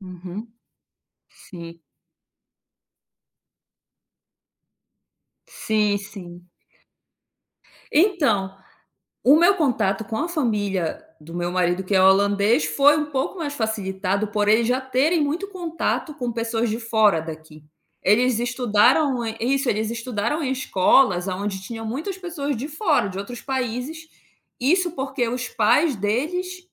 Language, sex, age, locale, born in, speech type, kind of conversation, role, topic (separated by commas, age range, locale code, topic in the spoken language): Portuguese, female, 30-34, pt-BR, Brazil, conversation, podcast, guest, Quem costuma fazer parte da sua rede de apoio?